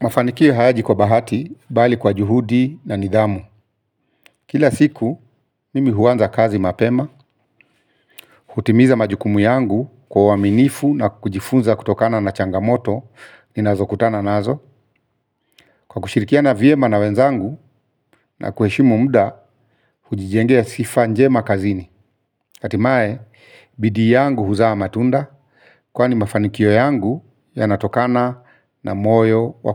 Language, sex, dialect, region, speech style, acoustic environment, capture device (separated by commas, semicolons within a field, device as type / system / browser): Swahili, male, Kenya - Nairobi Sheng-influenced, Kenya, focused, silence, mobile / Linux / Chrome